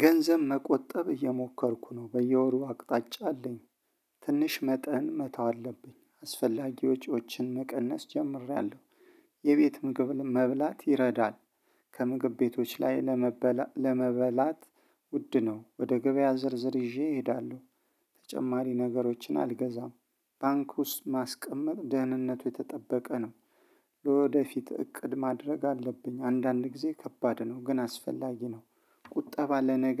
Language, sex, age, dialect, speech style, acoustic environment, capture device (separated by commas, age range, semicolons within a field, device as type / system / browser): Amharic, male, 35-44, Ethiopia - Addis Ababa, focused, silence, mobile / Linux / Chrome